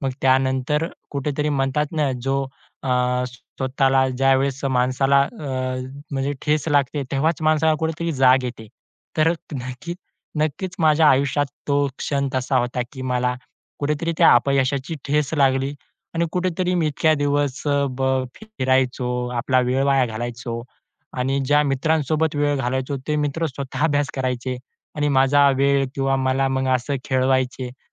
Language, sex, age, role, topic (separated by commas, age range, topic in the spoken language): Marathi, male, 20-24, guest, कधी एखादं अपयश शेवटी तुमच्यासाठी चांगल्या नशिबात बदललं आहे का?